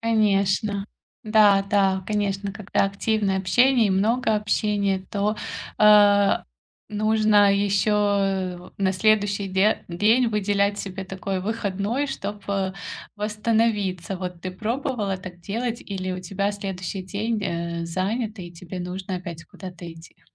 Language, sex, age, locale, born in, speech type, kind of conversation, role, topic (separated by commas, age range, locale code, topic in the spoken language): Russian, female, 35-39, ru-RU, Ukraine, conversation, advice, advisor, Как справляться с усталостью от постоянных встреч и вечеринок?